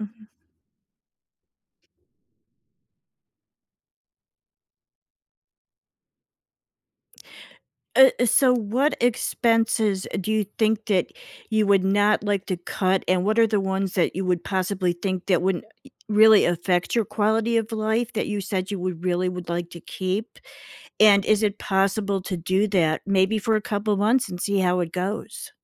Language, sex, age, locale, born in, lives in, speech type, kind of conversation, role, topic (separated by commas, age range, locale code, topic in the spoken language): English, female, 55-59, en-US, United States, United States, conversation, advice, advisor, How can I quickly lower my monthly bills without making major lifestyle sacrifices?